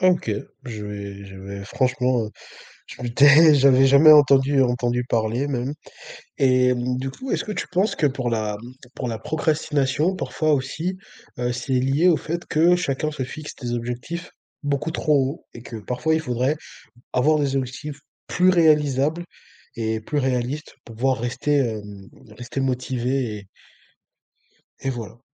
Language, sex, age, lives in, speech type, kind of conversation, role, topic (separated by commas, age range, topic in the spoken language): French, male, 20-24, France, conversation, podcast, host, Tu fais quoi pour éviter de procrastiner à la maison ?